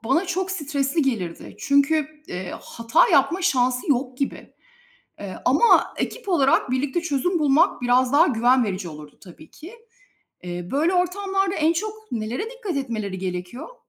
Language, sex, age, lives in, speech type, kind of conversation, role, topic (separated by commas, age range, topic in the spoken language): Turkish, female, 45-49, Spain, read, expert-assistant, asker, Uzayda ekip çalışması ve zorluklarla başa çıkmak nasıl mümkün oluyor?